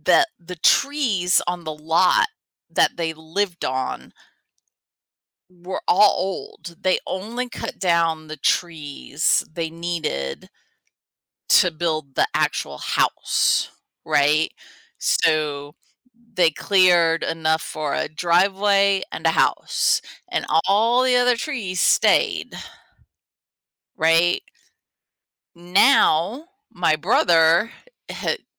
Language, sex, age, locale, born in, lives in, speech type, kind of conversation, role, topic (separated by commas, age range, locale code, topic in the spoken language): English, female, 50-54, en-US, United States, United States, conversation, podcast, guest, What causes deforestation and why does it matter?